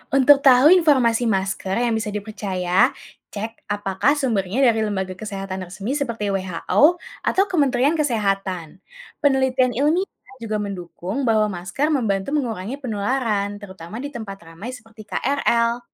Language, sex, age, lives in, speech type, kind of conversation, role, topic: Indonesian, female, 20-24, Indonesia, read, expert-assistant, expert, Ada banyak pendapat tentang efektivitas masker dalam mencegah penularan penyakit. Bagaimana cara mengetahui informasi mana yang benar di tengah perdebatan publik ini?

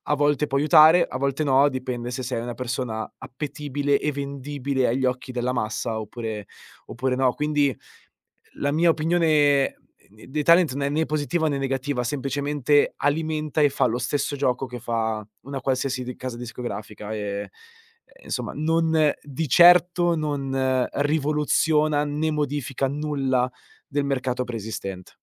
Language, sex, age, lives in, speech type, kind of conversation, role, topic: Italian, male, 25-29, Italy, conversation, podcast, guest, Come è cambiato il tuo gusto musicale negli anni?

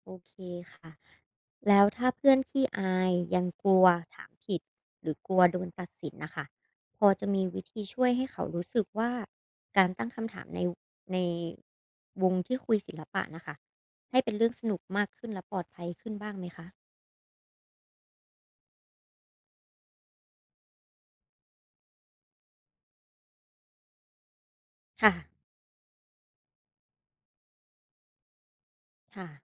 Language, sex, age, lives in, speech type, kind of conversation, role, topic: Thai, female, 25-29, Thailand, read, expert-assistant, asker, ถ้ามีเพื่อนที่ไม่ค่อยสนใจศิลปะ เราจะชวนเขาพูดคุยหรือวิจารณ์งานศิลป์ด้วยวิธีใหม่ๆ แบบไหนได้บ้าง?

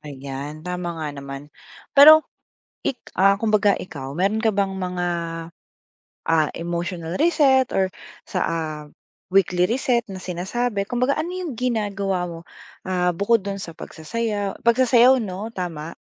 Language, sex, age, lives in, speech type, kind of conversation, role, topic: Filipino, female, 25-29, Philippines, conversation, podcast, host, Anong simpleng gawain ang nagpapalakas sa iyo kapag nai-stress ka?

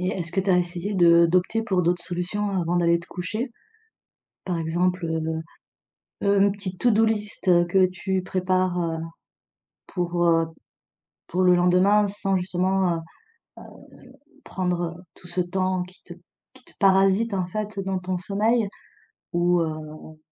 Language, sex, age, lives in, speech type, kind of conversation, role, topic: French, female, 40-44, France, conversation, advice, advisor, Quelles difficultés rencontres-tu pour t’endormir à cause du stress et de pensées répétitives ?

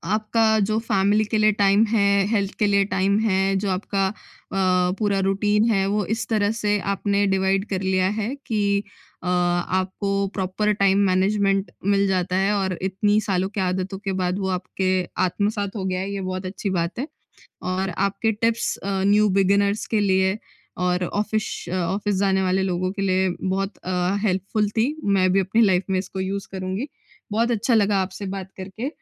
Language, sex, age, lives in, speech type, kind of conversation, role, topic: Hindi, female, 20-24, India, conversation, podcast, host, Time management ke sabse practical tips tum kya share karna chahoge?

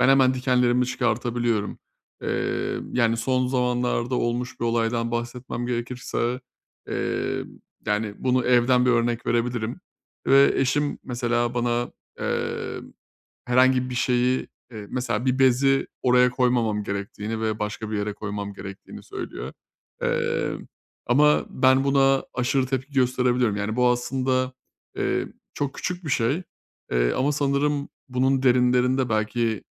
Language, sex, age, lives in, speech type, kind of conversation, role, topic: Turkish, male, 30-34, Bulgaria, conversation, advice, user, Eleştiri alırken bunu sürekli kişisel algılamaktan kendimi nasıl alıkoyabilirim?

